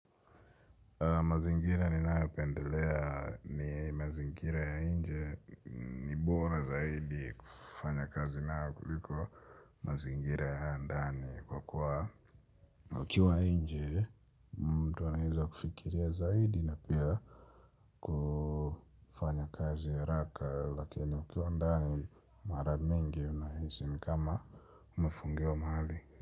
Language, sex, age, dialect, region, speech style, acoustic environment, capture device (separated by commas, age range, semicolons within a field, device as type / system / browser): Swahili, male, 25-34, Kenya - Nairobi Sheng-influenced, Kenya, relaxed, nature, mobile / Linux / Chrome